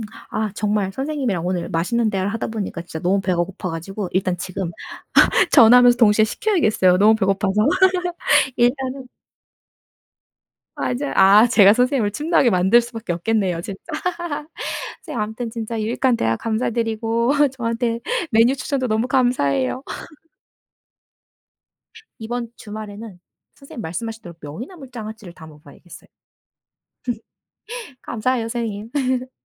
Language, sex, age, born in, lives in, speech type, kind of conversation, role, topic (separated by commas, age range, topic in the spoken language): Korean, female, 30-34, South Korea, South Korea, conversation, unstructured, none, 당신이 가장 좋아하는 음식은 무엇인가요?